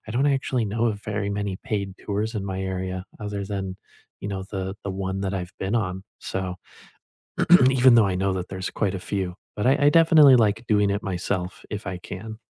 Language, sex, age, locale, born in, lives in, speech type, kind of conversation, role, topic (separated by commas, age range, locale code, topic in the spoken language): English, male, 20-24, en-US, United States, United States, conversation, unstructured, none, What was the most surprising thing you learned on a local tour, and how did it change your view?